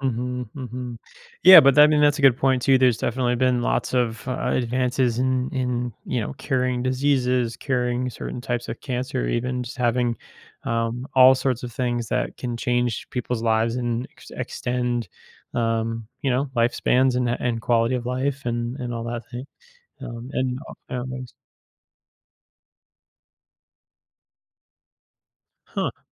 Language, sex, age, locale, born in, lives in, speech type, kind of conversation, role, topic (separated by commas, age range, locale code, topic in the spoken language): English, male, 30-34, en-US, United States, United States, conversation, podcast, host, What factors make certain moments stand out as turning points in history?